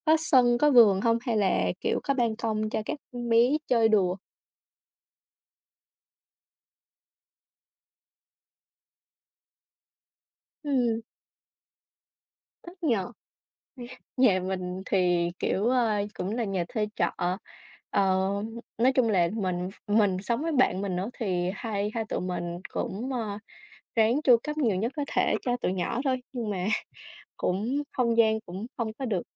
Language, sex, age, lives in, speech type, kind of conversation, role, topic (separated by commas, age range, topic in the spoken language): Vietnamese, female, 20-24, Vietnam, conversation, unstructured, none, Bạn nghĩ sao về việc nuôi thú cưng so với việc không nuôi thú cưng?